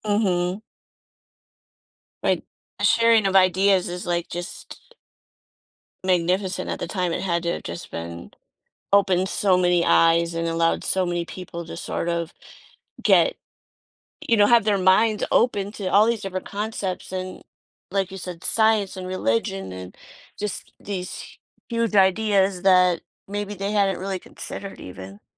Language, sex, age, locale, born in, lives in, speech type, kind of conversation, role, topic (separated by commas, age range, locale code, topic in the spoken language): English, female, 50-54, en-US, United States, United States, conversation, podcast, host, How did making information more accessible transform society and culture?